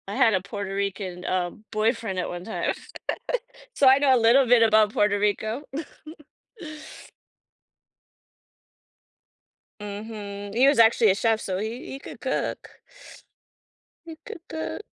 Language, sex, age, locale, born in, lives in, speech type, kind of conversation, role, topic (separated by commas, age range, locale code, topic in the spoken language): English, female, 50-54, en-US, United States, United States, conversation, podcast, guest, How do personal connections and unexpected moments shape our most meaningful travel experiences?